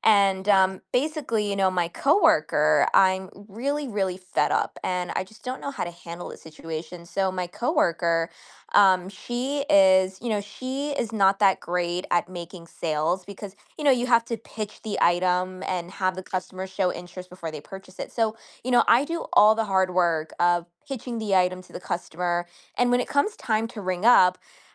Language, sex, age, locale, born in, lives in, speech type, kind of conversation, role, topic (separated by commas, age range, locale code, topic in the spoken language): English, female, 25-29, en-US, United States, United States, conversation, advice, user, How can I stop coworkers from taking credit for my work?